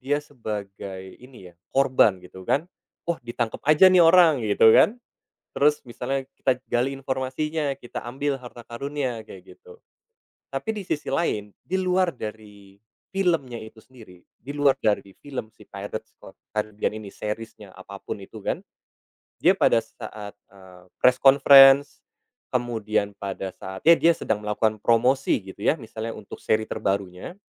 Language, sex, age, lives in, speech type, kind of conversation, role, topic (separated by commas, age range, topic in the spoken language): Indonesian, male, 30-34, Indonesia, conversation, podcast, guest, Menurut kamu, apa yang bikin tokoh film terasa nyata?